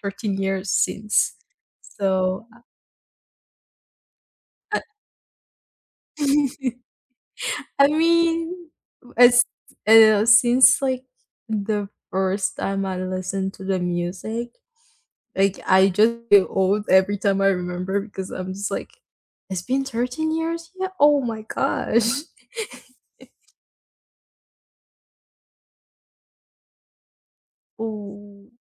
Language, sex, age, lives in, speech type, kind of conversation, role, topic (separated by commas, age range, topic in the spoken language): English, female, 18-19, United States, conversation, unstructured, none, What kind of music best fits your everyday moments?